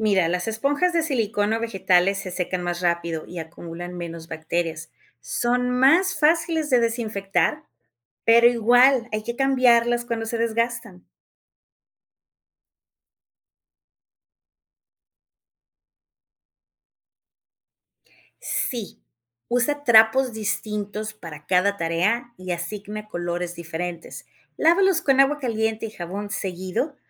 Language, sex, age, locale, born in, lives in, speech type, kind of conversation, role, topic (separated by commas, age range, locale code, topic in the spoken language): Spanish, female, 45-49, es-MX, Mexico, Mexico, read, expert-assistant, expert, ¿Cómo puedo organizar mi cocina en casa para asegurarme de que los alimentos se mantengan seguros y evitar la contaminación cruzada?